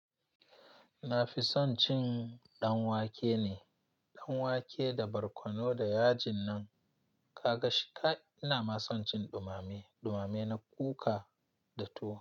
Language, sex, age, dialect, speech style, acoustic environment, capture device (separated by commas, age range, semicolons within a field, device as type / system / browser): Hausa, male, 25-34, Nigeria - Nigerian Standard, relaxed, silence, mobile / Linux / Chrome